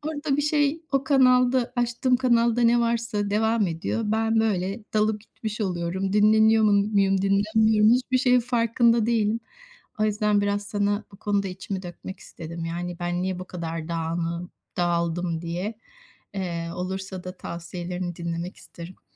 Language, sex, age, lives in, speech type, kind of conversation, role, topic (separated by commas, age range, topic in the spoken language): Turkish, female, 50-54, Spain, conversation, advice, user, Evde film izlerken veya müzik dinlerken nasıl daha iyi rahatlayabilirim?